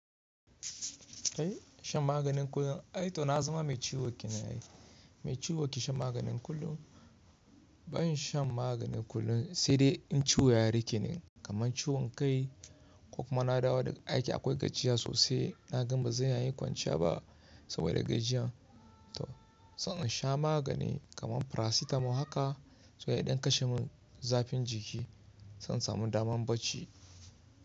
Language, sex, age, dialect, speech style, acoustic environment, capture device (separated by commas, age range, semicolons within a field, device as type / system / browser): Hausa, male, 25-34, Nigeria - Kano, relaxed, nature; silence; people talking, mobile / Linux / Chrome